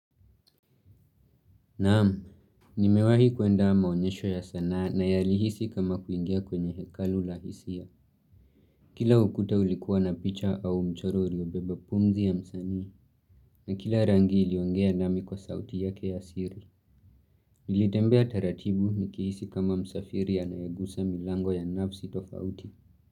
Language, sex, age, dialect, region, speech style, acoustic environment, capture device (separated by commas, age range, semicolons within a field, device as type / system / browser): Swahili, male, 18-24, Kenya - Nairobi Sheng-influenced, Kenya, happy; focused, nature; silence, mobile / Linux / Chrome